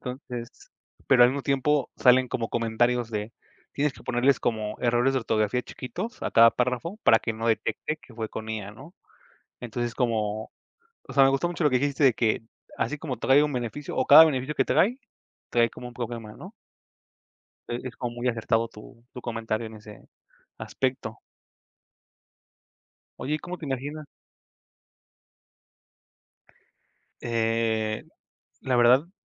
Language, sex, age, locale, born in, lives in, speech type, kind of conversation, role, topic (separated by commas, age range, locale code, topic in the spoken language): Spanish, male, 25-29, es-MX, Mexico, Mexico, conversation, unstructured, none, ¿Te ha sorprendido alguna vez lo rápido que avanza la tecnología?